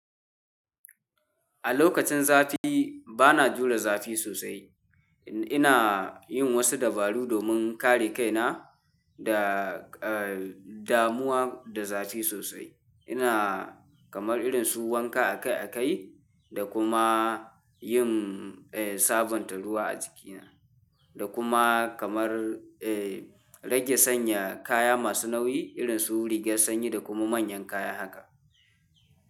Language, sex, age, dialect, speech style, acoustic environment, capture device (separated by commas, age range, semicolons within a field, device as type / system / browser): Hausa, male, 18-24, Nigeria - Kano, tired, nature, mobile / Linux / Chrome